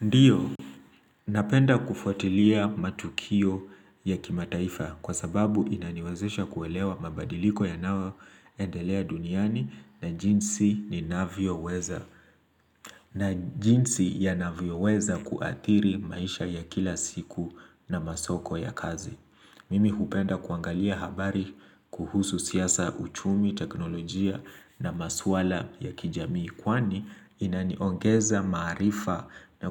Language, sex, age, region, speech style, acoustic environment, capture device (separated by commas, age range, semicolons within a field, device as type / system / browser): Swahili, male, 25-34, Kenya, relaxed, nature, mobile / Linux / Chrome